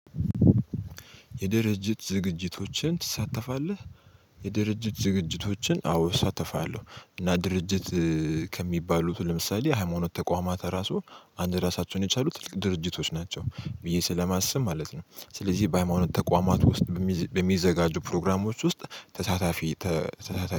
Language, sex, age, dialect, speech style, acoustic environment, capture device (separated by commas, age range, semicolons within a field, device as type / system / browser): Amharic, male, 18-24, Ethiopia - Addis Ababa, happy; excited; relaxed; focused, silence, mobile / Linux / Chrome